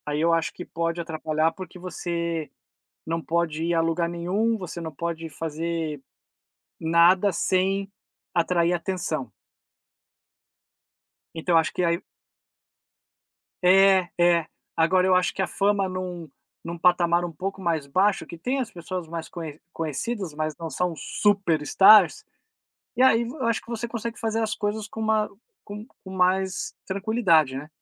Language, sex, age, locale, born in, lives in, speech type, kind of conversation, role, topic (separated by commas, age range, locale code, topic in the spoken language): Portuguese, male, 40-44, pt-BR, Brazil, United States, conversation, unstructured, none, Você acredita que a fama pode atrapalhar a vida pessoal?